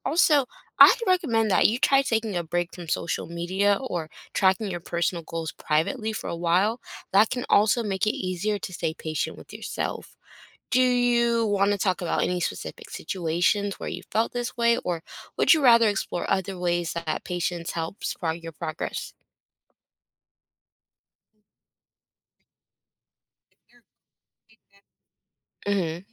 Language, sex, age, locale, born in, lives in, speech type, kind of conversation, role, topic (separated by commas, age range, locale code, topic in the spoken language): English, female, 25-29, en-US, United States, United States, read, expert-assistant, expert, How does developing patience help you reach your goals?